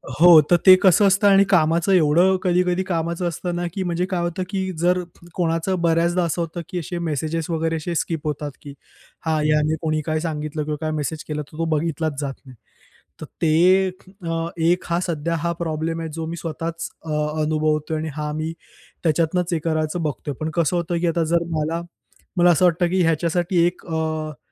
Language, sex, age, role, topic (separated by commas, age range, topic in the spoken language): Marathi, male, 25-29, guest, सोशल मिडियावर वेळ घालवताना तुम्ही कसे संतुलन साधता?